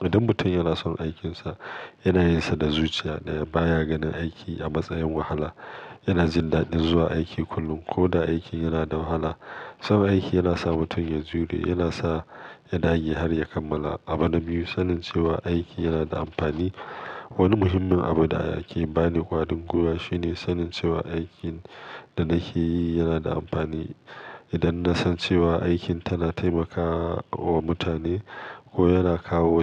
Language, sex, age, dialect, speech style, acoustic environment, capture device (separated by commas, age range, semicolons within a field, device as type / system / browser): Hausa, male, 35-44, Nigeria - Kano, focused, nature; silence, mobile / Linux / Chrome